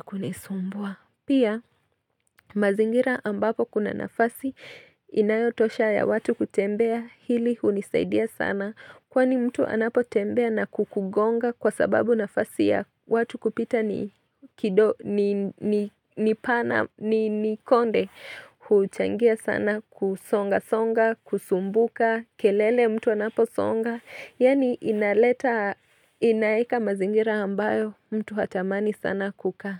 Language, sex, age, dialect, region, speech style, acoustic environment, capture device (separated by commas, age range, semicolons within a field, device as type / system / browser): Swahili, female, 25-34, Kenya - Nairobi Sheng-influenced, Kenya, nervous, silence, mobile / Linux / Chrome